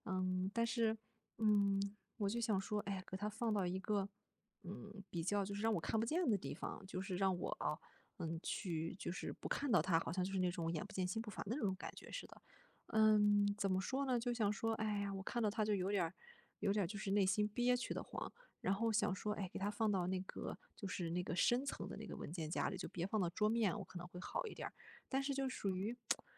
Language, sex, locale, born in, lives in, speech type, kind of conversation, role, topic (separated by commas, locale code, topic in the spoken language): Chinese, female, zh-CN, China, Germany, conversation, advice, user, 如何找回动力并完成之前未完成的项目？